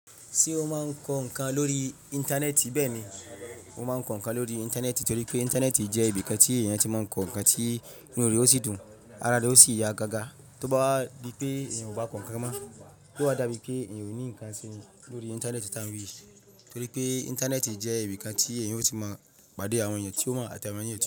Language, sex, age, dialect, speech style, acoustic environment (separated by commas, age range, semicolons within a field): Yoruba, male, 25-34, Nigeria - Ibadan, happy; relaxed, traffic; silence